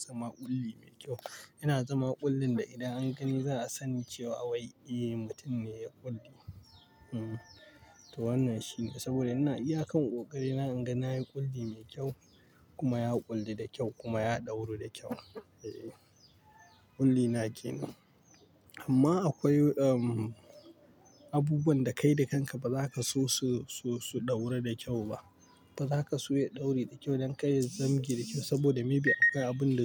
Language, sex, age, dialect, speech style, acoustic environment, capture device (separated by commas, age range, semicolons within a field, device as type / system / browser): Hausa, male, 25-34, Nigeria - Pidgin-influenced, relaxed; tired; stressed, nature, mobile / Linux / Chrome